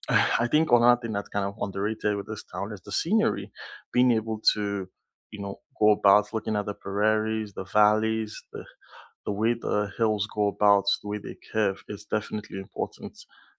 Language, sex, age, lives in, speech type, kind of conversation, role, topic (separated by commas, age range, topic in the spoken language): English, male, 20-24, Canada, conversation, unstructured, none, Which local food markets would you recommend to visitors?